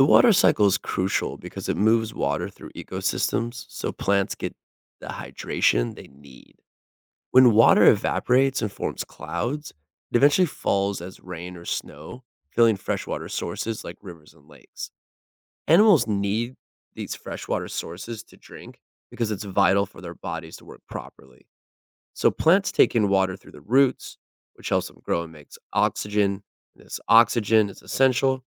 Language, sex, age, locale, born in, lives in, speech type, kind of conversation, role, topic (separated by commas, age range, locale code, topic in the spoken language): English, male, 40-44, en-US, United States, United States, read, expert-assistant, expert, How does the water cycle sustain life on Earth?